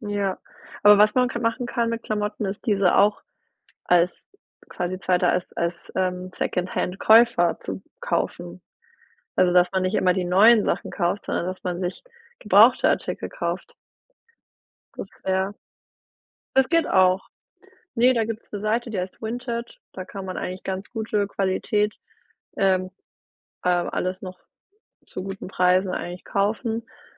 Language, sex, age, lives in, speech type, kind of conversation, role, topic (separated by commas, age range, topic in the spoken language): German, female, 30-34, Germany, conversation, advice, advisor, Wie kann ich meine Kaufentscheidung vor dem Abschluss kritischer hinterfragen?